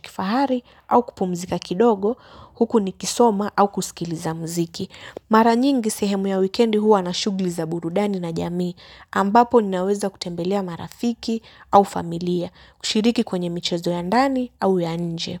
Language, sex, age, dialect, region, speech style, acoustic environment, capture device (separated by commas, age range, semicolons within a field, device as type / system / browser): Swahili, female, 18-24, Kenya - Mombasa, Kenya, happy; relaxed, nature, mobile / Linux / Chrome